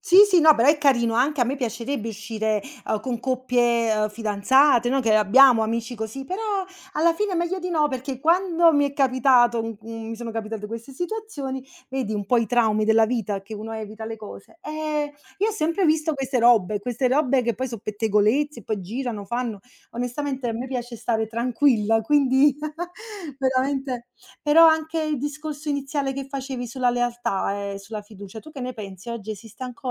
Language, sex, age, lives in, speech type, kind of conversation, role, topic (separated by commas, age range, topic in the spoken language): Italian, female, 30-34, Italy, conversation, unstructured, none, Come definiresti una vera amicizia?